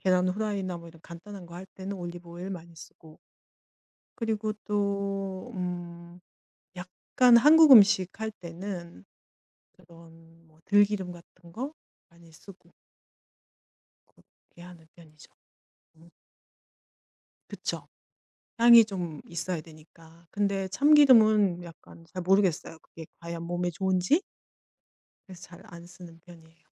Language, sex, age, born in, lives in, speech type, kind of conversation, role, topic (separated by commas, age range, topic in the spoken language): Korean, female, 50-54, South Korea, Germany, conversation, podcast, guest, 식사 준비는 보통 어떻게 계획하시나요?